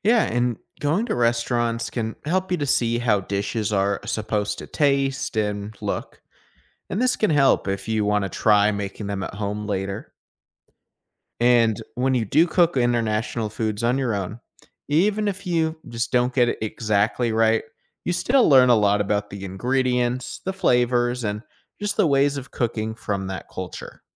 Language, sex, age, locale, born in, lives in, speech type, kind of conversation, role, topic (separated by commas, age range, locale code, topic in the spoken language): English, male, 25-29, en-US, United States, United States, read, expert-assistant, expert, What can people gain from trying new foods and cuisines?